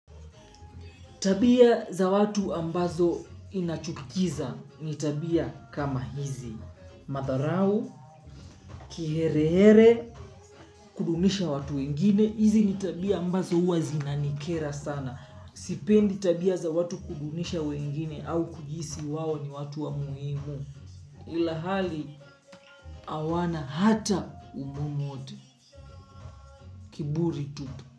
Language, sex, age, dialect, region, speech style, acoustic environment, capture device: Swahili, male, 25-34, Kenya - Mombasa, Kenya, relaxed, music; nature, mobile / Linux / Chrome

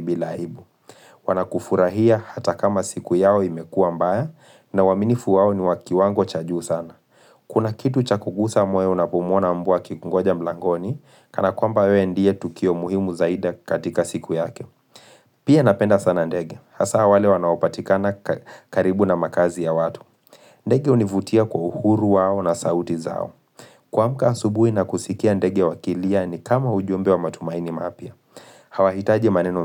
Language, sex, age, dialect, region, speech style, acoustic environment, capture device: Swahili, male, 25-34, Kenya - Nairobi Sheng-influenced, Kenya, focused; relaxed, silence; appliances, mobile / Linux / Chrome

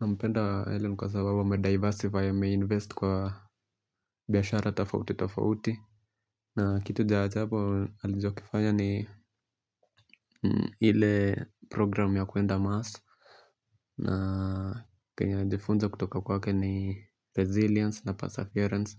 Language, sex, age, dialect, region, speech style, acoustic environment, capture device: Swahili, male, 25-34, Kenya - Nairobi Sheng-influenced, Kenya, relaxed, people talking, mobile / Linux / Chrome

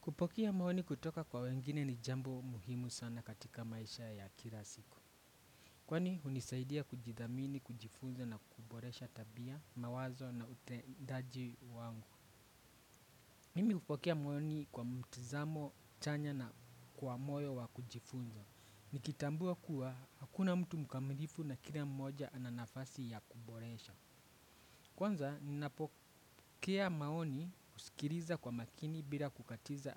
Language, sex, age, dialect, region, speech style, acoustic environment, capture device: Swahili, male, 25-34, Kenya - Nairobi Sheng-influenced, Kenya, anxious, people talking; appliances, desktop / Windows / Chrome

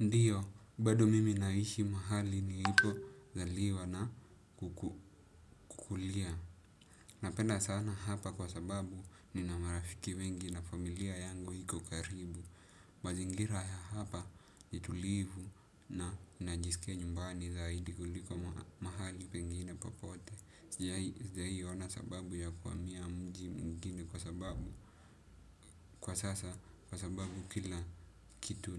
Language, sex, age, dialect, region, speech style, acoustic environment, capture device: Swahili, male, 18-24, Kenya - Nairobi Sheng-influenced, Kenya, happy, silence, desktop / Windows / Chrome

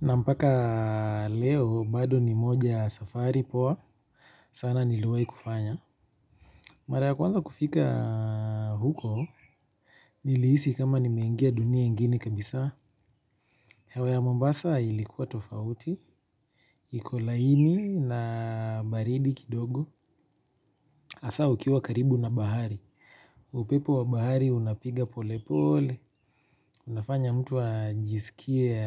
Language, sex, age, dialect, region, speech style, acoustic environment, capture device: Swahili, male, 25-34, Kenya - Nairobi Sheng-influenced, Kenya, relaxed, nature, mobile / Linux / Chrome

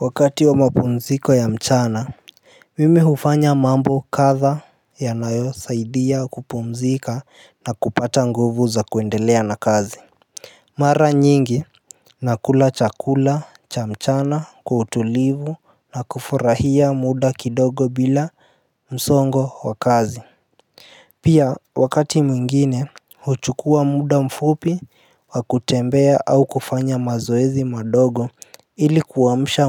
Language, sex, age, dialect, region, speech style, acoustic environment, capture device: Swahili, male, 18-24, Kenya - Nairobi Sheng-influenced, Kenya, happy, appliances; nature, mobile / Linux / Chrome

